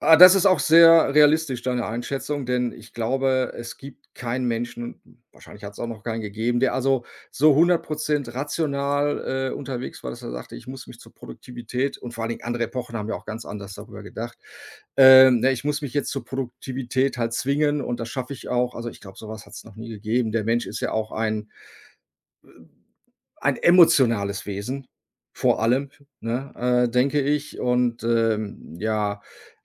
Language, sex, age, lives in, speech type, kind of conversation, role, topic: German, male, 55-59, Germany, conversation, advice, advisor, Wie kann ich meine Freizeit genießen, ohne mich unter Leistungsdruck zu setzen?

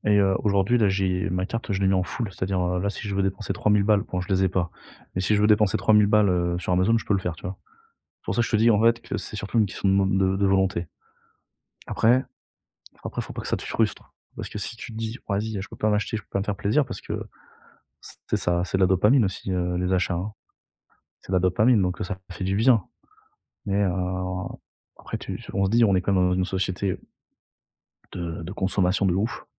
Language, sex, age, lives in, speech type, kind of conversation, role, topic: French, male, 30-34, France, conversation, advice, advisor, Comment puis-je économiser pour un achat important sans céder aux achats impulsifs ?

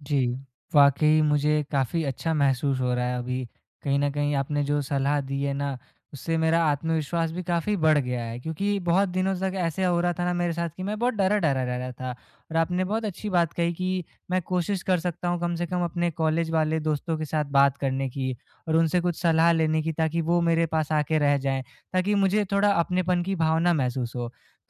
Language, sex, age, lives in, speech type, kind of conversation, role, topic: Hindi, male, 20-24, India, conversation, advice, user, मैं नई जगह पर सुरक्षित और स्थिर महसूस करने के लिए अपनी दिनचर्या कैसे बनाऊँ?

